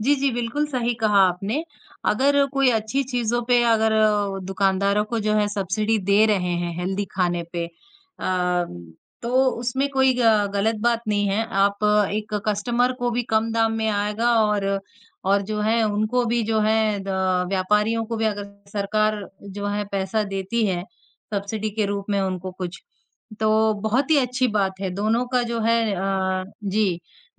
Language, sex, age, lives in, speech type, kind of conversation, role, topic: Hindi, male, 18-19, India, conversation, unstructured, none, क्या अस्वास्थ्यकर भोजन पर कर लगाना सही होगा?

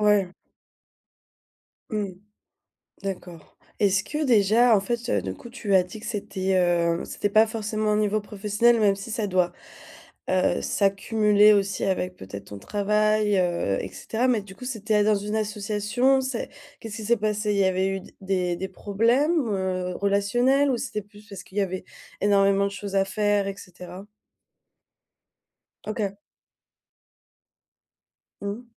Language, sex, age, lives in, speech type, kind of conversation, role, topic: French, female, 25-29, Germany, conversation, advice, advisor, Ma baisse d’énergie est-elle normale ou inquiétante ?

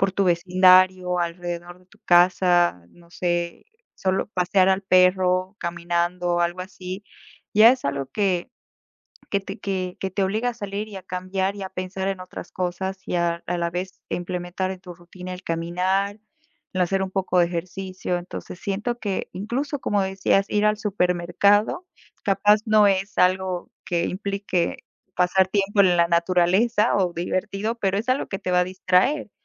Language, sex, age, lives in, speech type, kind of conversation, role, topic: Spanish, female, 25-29, United States, conversation, unstructured, none, ¿Qué beneficios crees que tiene pasar tiempo en la naturaleza?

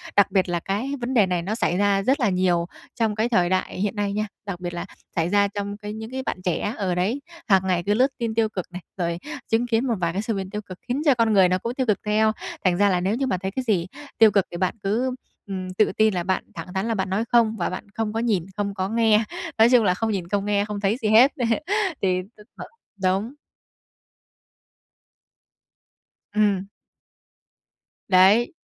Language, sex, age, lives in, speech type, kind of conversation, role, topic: Vietnamese, female, 20-24, Vietnam, conversation, advice, advisor, Làm sao để giữ bình tĩnh khi nghe tin tức về tình hình thế giới?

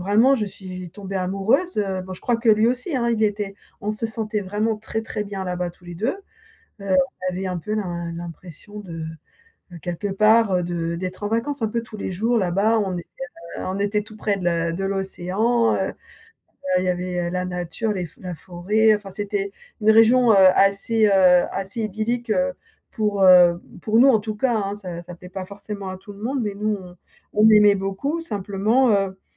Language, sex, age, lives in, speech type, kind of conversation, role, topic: French, female, 55-59, France, conversation, advice, user, Comment accepter et gérer une perte importante dans ma vie ?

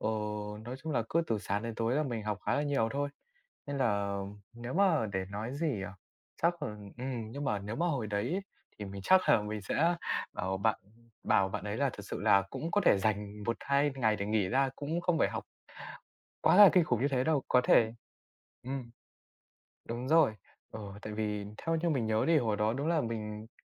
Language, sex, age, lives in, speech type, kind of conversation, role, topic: Vietnamese, male, 20-24, Vietnam, conversation, podcast, guest, Bạn sẽ khuyên bản thân 15 tuổi điều gì về việc học?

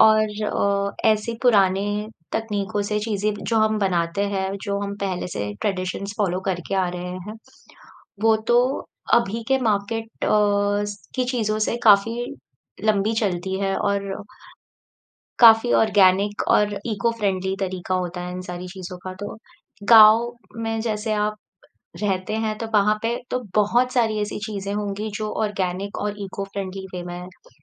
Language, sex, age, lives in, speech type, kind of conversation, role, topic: Hindi, female, 30-34, India, conversation, podcast, host, Daily cleaning ka simple routine kaise set karte ho?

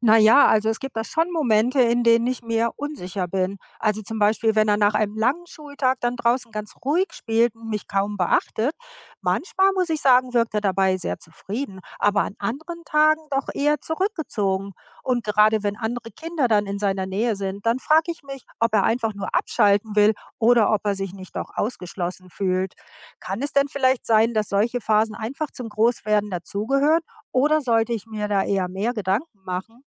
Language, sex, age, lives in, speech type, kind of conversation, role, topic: German, female, 55-59, United States, read, expert-assistant, asker, Wie kann ich mein zurückhaltendes Kind beim Knüpfen von Freundschaften unterstützen?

